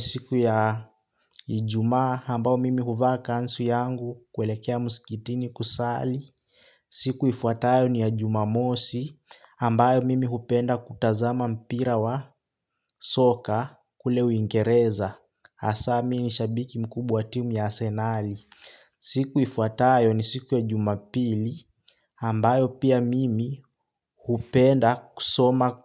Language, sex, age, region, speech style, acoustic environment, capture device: Swahili, male, 18-24, Kenya, happy, silence, desktop / Linux / Chrome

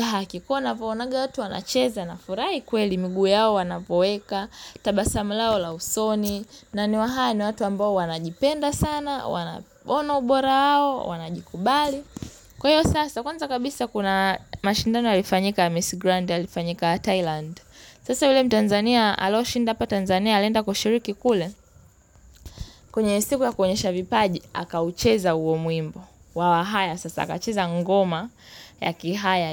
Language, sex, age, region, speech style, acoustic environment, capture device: Swahili, female, 18-24, Tanzania, relaxed, silence, mobile / Linux / Chrome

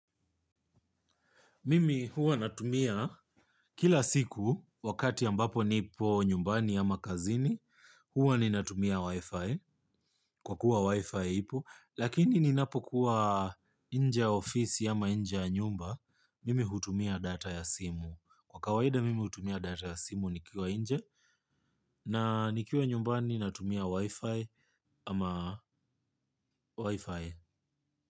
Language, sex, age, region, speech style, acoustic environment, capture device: Swahili, male, 25-34, Kenya, relaxed, nature, mobile / Linux / Chrome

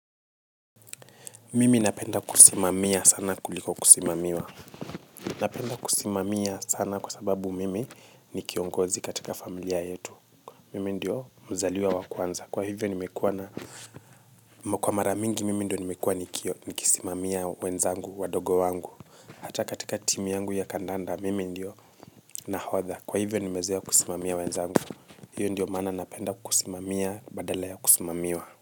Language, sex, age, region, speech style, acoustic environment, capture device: Swahili, male, 25-34, Kenya, relaxed, silence, mobile / Linux / Chrome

